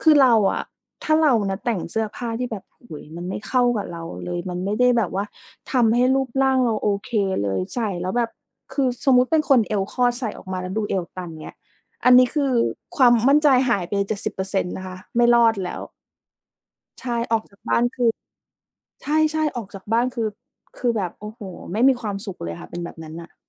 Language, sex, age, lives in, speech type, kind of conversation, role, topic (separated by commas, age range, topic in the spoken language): Thai, female, 30-34, Thailand, conversation, podcast, guest, วัฒนธรรมไทยส่งผลต่อการแต่งตัวของคุณอย่างไร?